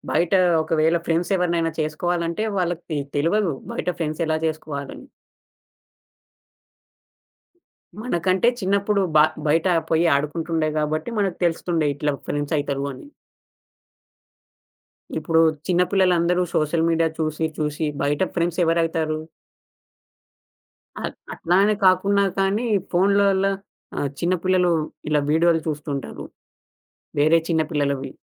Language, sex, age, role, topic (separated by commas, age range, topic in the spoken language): Telugu, male, 20-24, guest, సోషల్ మీడియా నీ ఆత్మవిశ్వాసాన్ని ఎలా ప్రభావితం చేస్తుంది?